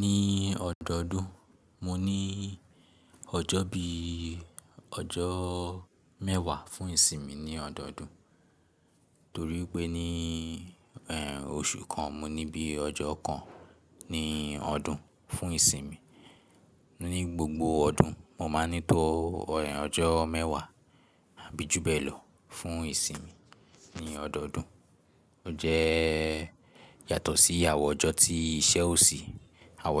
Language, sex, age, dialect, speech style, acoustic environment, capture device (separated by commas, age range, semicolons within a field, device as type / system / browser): Yoruba, male, 18-24, Nigeria - Lagos Yoruba, relaxed, silence, mobile / Linux / Chrome